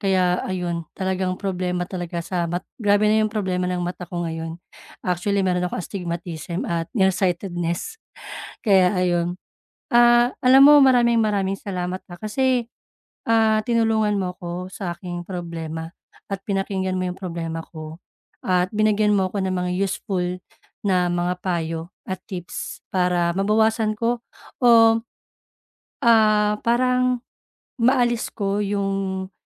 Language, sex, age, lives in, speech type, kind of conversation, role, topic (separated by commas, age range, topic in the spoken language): Filipino, female, 35-39, Philippines, conversation, advice, user, Paano ko mababalanse ang oras sa harap ng iskrin at tunay na pahinga?